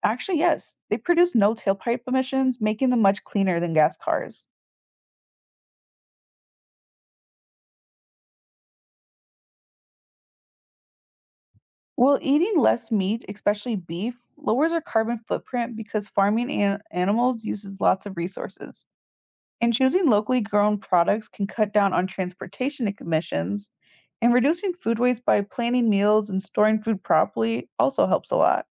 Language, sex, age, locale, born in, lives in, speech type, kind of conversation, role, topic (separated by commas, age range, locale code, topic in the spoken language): English, female, 20-24, en-US, United States, United States, read, expert-assistant, expert, What steps can we take to reduce our carbon footprint?